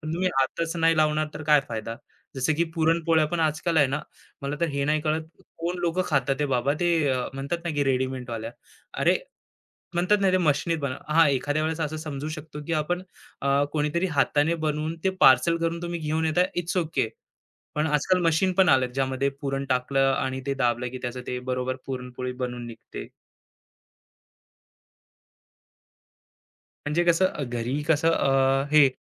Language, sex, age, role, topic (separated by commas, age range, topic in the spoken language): Marathi, male, 25-29, guest, सण-समारंभ पिढ्यान्पिढ्या कसे बदलले आहेत, असे तुम्हाला वाटते का?